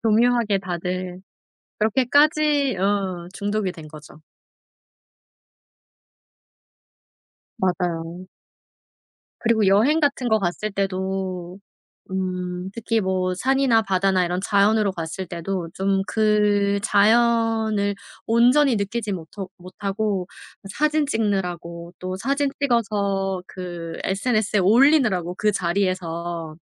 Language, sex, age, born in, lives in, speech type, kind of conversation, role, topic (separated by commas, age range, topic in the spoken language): Korean, female, 35-39, South Korea, South Korea, conversation, podcast, guest, 스마트폰을 보지 않고 자연을 더 잘 즐길 수 있는 방법은 무엇인가요?